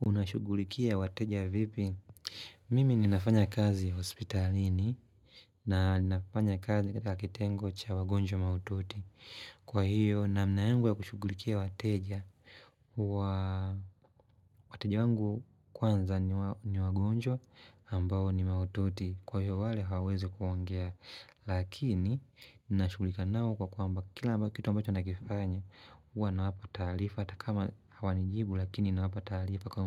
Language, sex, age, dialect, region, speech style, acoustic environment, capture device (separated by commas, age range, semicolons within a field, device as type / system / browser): Swahili, male, 25-34, Tanzania - Dar es Salaam, Tanzania, happy; relaxed, nature; silence, mobile / Linux / Chrome